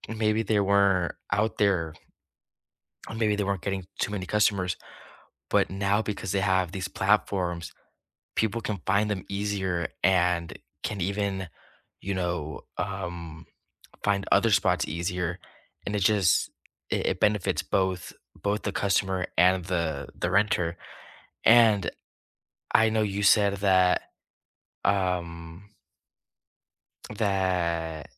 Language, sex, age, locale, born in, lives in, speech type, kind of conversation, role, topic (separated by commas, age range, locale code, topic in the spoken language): English, male, 20-24, en-US, United States, United States, conversation, unstructured, none, Do budget travel platforms harm small local accommodations more than they benefit them?